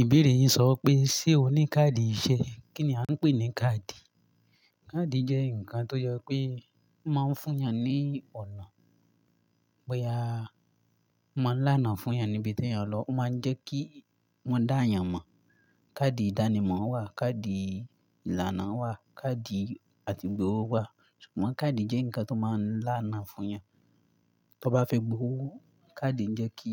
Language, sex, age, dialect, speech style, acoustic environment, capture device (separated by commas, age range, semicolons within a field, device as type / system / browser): Yoruba, male, 18-24, Nigeria - Ibadan, relaxed, silence; nature, mobile / Linux / Chrome